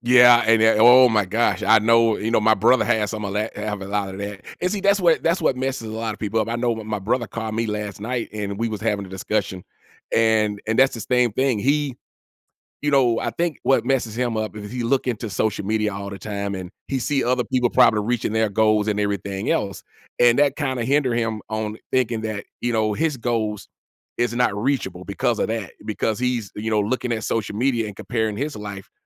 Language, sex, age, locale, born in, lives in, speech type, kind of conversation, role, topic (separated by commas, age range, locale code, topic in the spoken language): English, male, 45-49, en-US, United States, United States, conversation, podcast, host, Why is it helpful to break big goals into smaller, manageable steps?